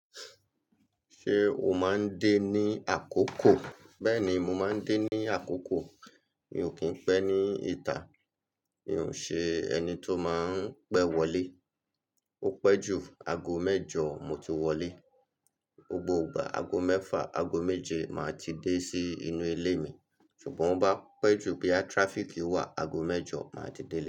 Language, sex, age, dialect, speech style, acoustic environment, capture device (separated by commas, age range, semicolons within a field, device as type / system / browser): Yoruba, male, 35-44, Nigeria - Lagos Yoruba, happy; excited, people talking; construction, mobile / Linux / Chrome